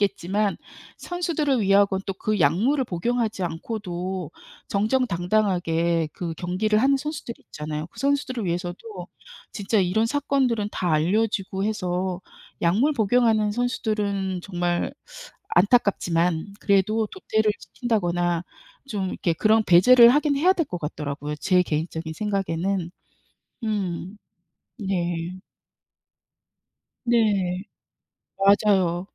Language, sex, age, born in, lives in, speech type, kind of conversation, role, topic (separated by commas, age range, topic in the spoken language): Korean, female, 55-59, South Korea, United States, conversation, unstructured, none, 운동선수들의 약물 복용 문제를 어떻게 바라보시나요?